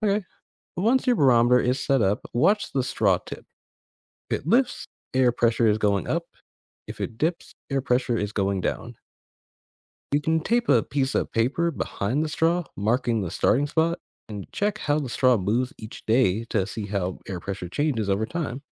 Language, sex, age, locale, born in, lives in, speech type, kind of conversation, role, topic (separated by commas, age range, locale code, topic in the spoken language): English, male, 25-29, en-US, United States, United States, read, expert-assistant, expert, How can a barometer be made at home?